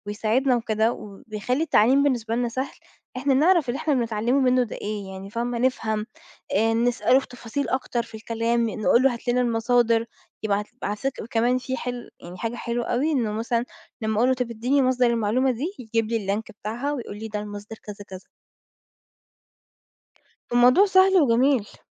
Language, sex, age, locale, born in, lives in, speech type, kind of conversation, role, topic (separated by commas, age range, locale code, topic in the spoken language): Arabic, female, 20-24, ar-EG, Egypt, Portugal, conversation, podcast, guest, إزاي تخلي التعلّم ممتع ويفضل مستمر؟